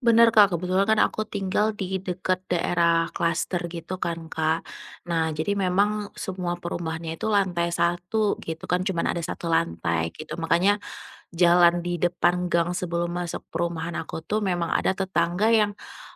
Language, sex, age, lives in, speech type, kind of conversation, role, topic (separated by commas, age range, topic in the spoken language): Indonesian, female, 30-34, Indonesia, conversation, advice, user, Kenapa saya sulit rileks di rumah meski sudah lelah?